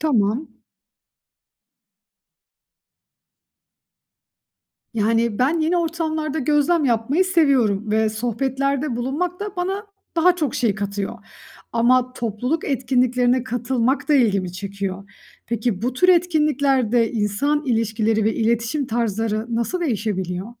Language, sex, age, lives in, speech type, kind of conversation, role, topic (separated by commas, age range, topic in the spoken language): Turkish, female, 35-39, Austria, read, expert-assistant, asker, Farklı dinleri anlamak için hangi günlük alışkanlıklar geliştirilebilir?